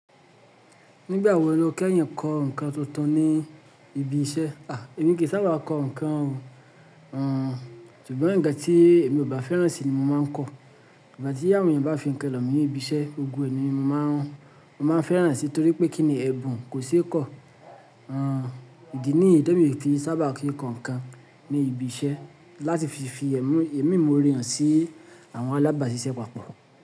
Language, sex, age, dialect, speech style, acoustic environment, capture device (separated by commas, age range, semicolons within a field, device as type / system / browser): Yoruba, male, 25-34, Nigeria - Lagos Yoruba, happy; relaxed; focused; excited, silence; nature, mobile / Linux / Chrome